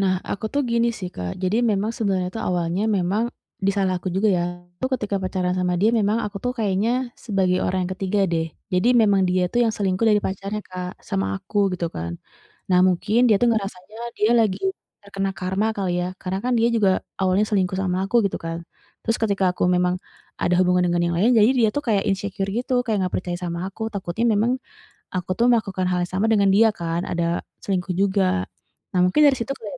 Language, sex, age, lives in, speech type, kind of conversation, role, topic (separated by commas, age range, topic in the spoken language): Indonesian, female, 35-39, Indonesia, conversation, advice, user, Bagaimana cara saya membicarakan kebutuhan emosional dengan pasangan tanpa takut disalahpahami atau ditinggalkan?